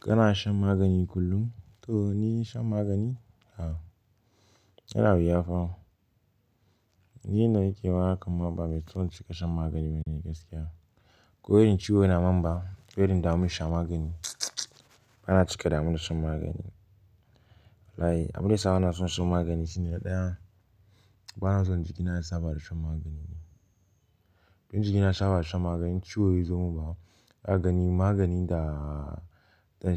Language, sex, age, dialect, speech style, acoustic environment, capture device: Hausa, male, 18-24, Nigeria - Pidgin-influenced, relaxed; happy; focused, silence, desktop / Linux / Chrome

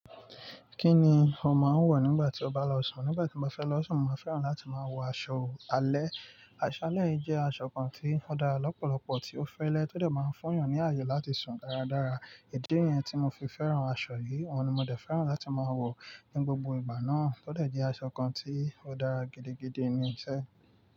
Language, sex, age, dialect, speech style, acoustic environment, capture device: Yoruba, male, 25-34, Nigeria - Nigerian Standard, focused, nature, mobile / Linux / Chrome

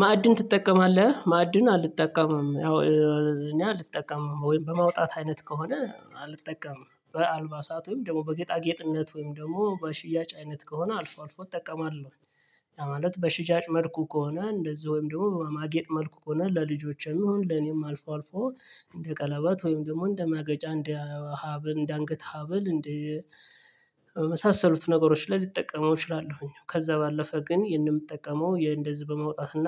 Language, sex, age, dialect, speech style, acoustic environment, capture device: Amharic, male, 35-44, Ethiopia - Gojjam, happy, traffic, mobile / Linux / Chrome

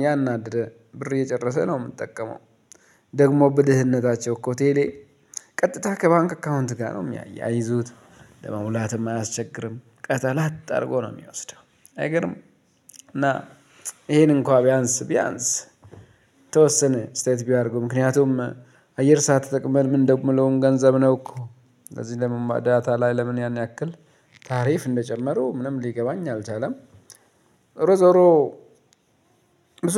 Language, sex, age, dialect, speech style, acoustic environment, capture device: Amharic, male, 35-44, Ethiopia - Addis Ababa, relaxed; focused, silence, mobile / Linux / Chrome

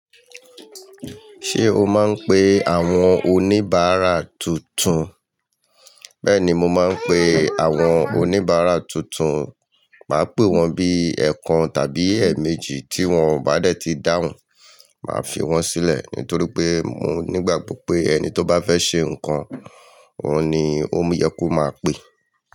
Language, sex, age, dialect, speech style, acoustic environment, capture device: Yoruba, male, 45-54, Nigeria - Lagos Yoruba, happy, people talking, mobile / Linux / Chrome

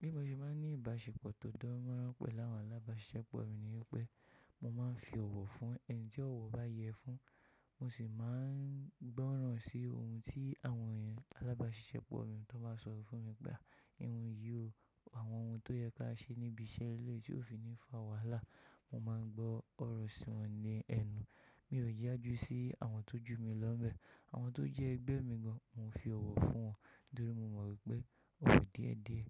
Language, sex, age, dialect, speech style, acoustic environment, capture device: Yoruba, male, 25-34, Nigeria - Ijebu, focused, silence, mobile / Linux / Chrome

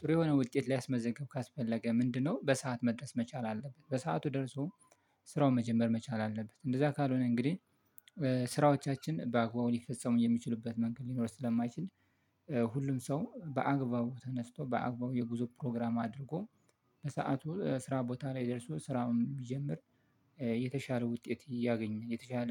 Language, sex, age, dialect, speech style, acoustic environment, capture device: Amharic, male, 25-34, Ethiopia - Addis Ababa, relaxed, nature, mobile / Linux / Chrome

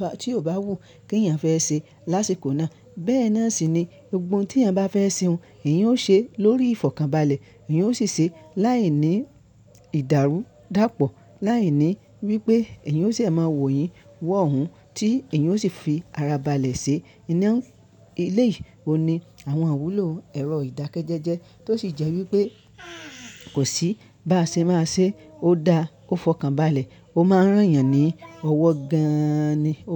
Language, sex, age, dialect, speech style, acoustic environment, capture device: Yoruba, male, 25-34, Nigeria - Ibadan, focused, nature, mobile / Linux / Chrome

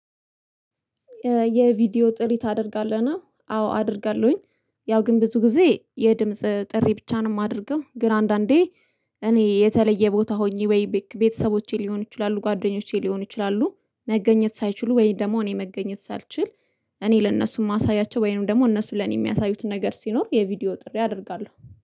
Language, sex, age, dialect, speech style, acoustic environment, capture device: Amharic, female, 25-34, Ethiopia - Addis Ababa, happy; relaxed; excited; focused, silence, mobile / Linux / Chrome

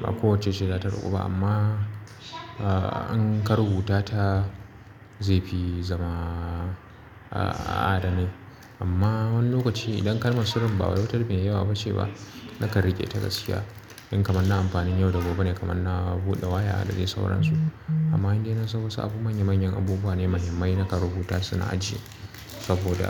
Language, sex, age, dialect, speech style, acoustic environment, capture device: Hausa, male, 25-34, Nigeria - Kano, relaxed, appliances, mobile / Linux / Chrome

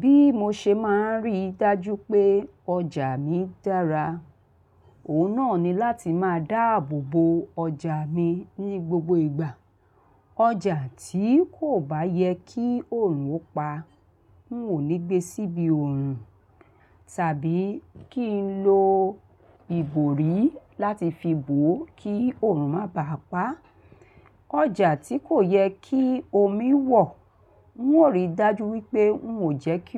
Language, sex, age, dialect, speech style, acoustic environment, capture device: Yoruba, female, 45-54, Nigeria - Lagos Yoruba, focused, people talking; appliances; traffic; construction, mobile / Linux / Chrome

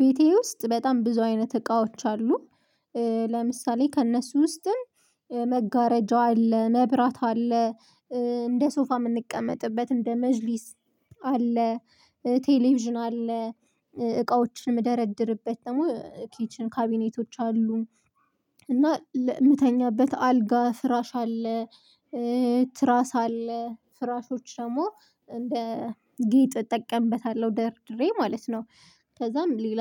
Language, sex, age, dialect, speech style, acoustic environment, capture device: Amharic, female, 25-34, Ethiopia - Addis Ababa, focused, nature, mobile / Linux / Chrome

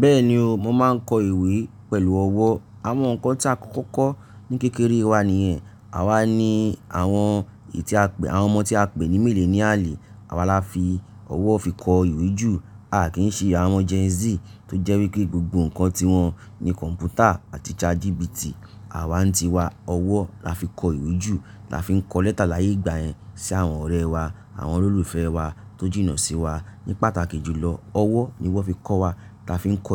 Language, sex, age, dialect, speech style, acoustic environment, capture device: Yoruba, male, 35-44, Nigeria - Nigerian Standard, relaxed, silence, mobile / Linux / Chrome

